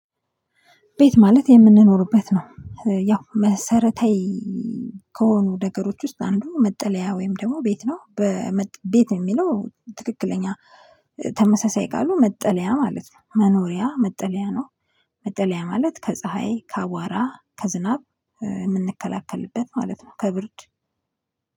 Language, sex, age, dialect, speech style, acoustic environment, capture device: Amharic, female, 25-34, Ethiopia - Addis Ababa, focused, silence, mobile / Linux / Chrome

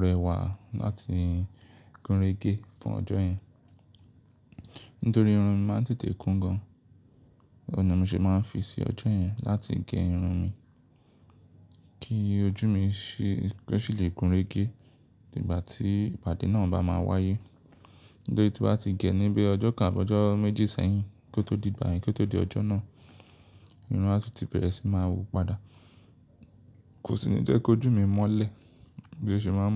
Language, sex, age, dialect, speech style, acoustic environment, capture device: Yoruba, male, 25-34, Nigeria - Lagos Yoruba, focused; relaxed, silence, mobile / Linux / Chrome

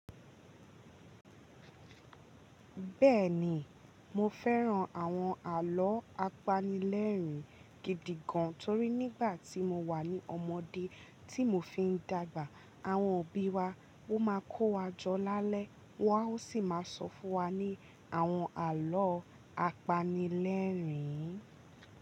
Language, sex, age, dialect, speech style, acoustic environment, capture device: Yoruba, female, 18-24, Nigeria - Ibadan, relaxed, nature, mobile / Linux / Chrome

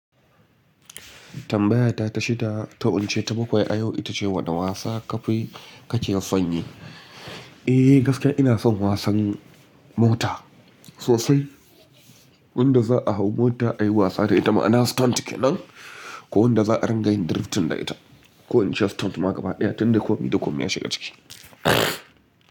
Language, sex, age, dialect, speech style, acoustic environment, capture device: Hausa, male, 25-34, Nigeria - Kano, relaxed, nature; appliances, mobile / Linux / Chrome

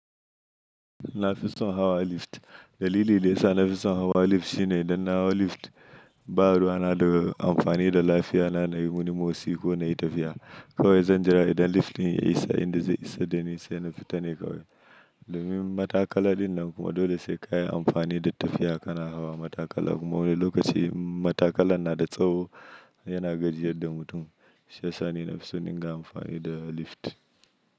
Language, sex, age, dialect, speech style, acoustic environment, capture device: Hausa, male, 25-34, Nigeria - Nigerian Standard, anxious; happy, nature; silence, mobile / Linux / Chrome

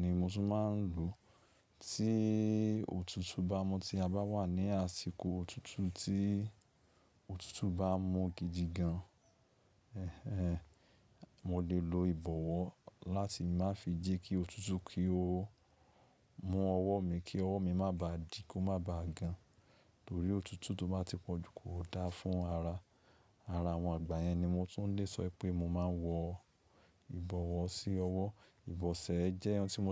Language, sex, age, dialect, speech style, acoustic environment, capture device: Yoruba, male, 25-34, Nigeria - Lagos Yoruba, focused, silence, mobile / Linux / Chrome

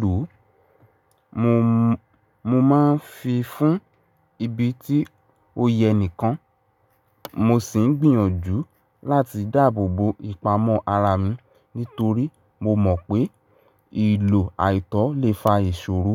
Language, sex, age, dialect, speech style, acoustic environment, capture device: Yoruba, male, 18-24, Nigeria - Ibadan, stressed, appliances, mobile / Linux / Chrome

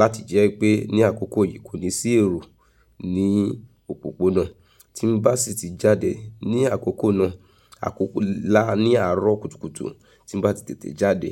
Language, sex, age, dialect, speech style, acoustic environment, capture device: Yoruba, female, 35-44, Nigeria - Nigerian Standard, relaxed, nature, mobile / Linux / Chrome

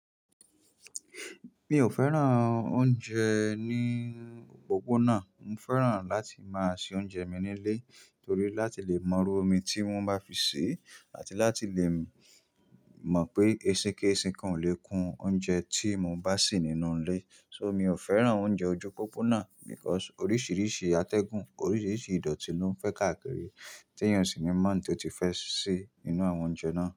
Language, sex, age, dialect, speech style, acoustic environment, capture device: Yoruba, male, 25-34, Nigeria - Nigerian Standard, happy; focused; excited; relaxed, nature, mobile / Linux / Chrome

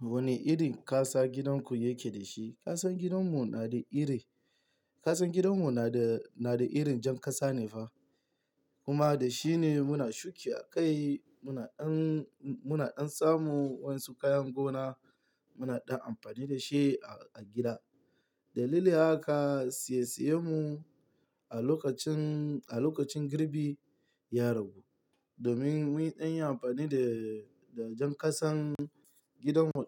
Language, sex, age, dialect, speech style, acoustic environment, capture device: Hausa, male, 18-24, Nigeria - Lagos Yoruba, tired; happy; stressed, nature, mobile / Linux / Chrome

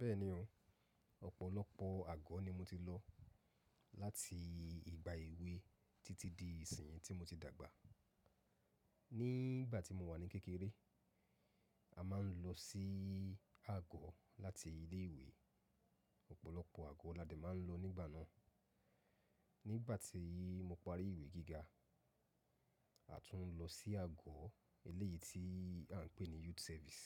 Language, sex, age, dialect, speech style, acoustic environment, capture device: Yoruba, male, 35-44, Nigeria - Lagos Yoruba, focused, silence, mobile / macOS / Safari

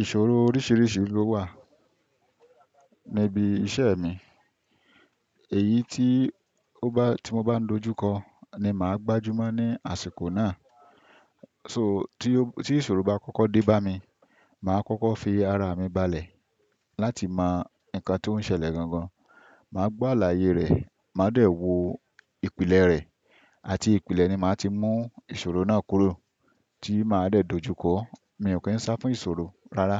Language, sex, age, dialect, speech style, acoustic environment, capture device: Yoruba, male, 25-34, Nigeria - Ibadan, happy; focused; relaxed, silence, desktop / Windows / Chrome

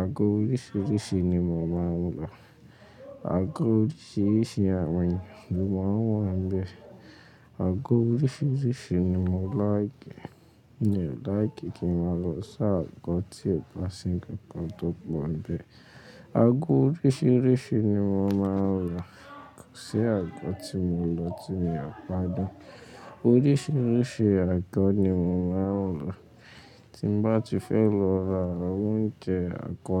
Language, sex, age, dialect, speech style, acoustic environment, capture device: Yoruba, male, 18-24, Nigeria - Lagos Yoruba, relaxed; focused, nature, mobile / Linux / Chrome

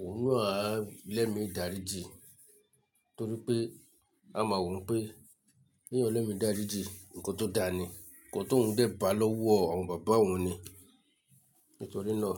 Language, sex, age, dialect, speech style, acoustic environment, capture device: Yoruba, male, 35-44, Nigeria - Lagos Yoruba, focused; relaxed, silence, mobile / Linux / Chrome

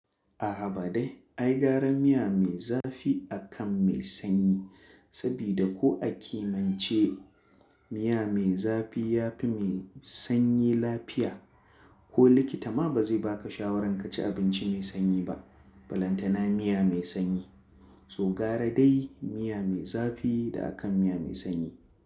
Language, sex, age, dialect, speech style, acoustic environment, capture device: Hausa, male, 25-34, Nigeria - Kano, relaxed, silence, mobile / Linux / Chrome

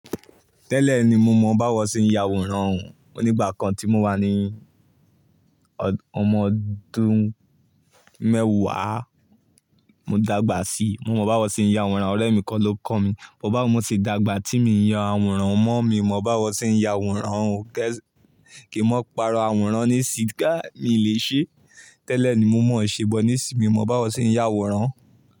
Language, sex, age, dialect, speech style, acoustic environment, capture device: Yoruba, male, 18-24, Nigeria - Ibadan, focused, silence, mobile / Linux / Chrome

